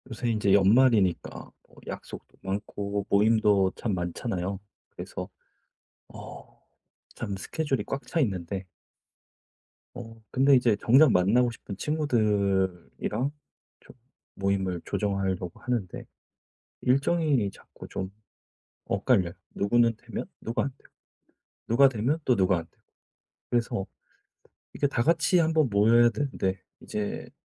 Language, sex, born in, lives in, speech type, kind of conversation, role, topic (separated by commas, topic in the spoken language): Korean, male, South Korea, South Korea, conversation, advice, user, 휴일에 친구들과 만날 때 일정이 자꾸 엇갈리는데, 어떻게 조율하면 좋을까요?